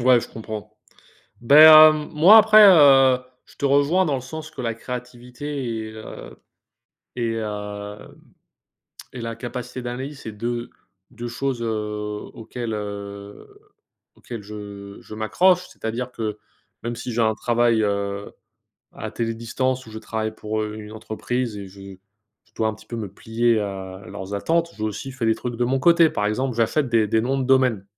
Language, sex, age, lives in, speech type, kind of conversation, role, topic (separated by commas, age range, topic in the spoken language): French, male, 25-29, United States, conversation, unstructured, none, Êtes-vous plutôt créatif ou analytique dans votre travail ?